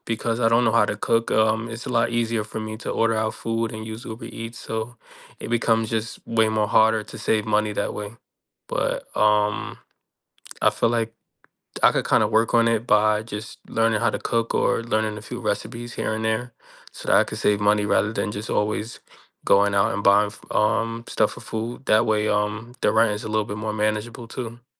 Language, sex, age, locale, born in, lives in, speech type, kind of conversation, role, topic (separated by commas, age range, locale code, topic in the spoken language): English, male, 25-29, en-US, United States, United States, conversation, unstructured, none, What has been your hardest financial struggle so far?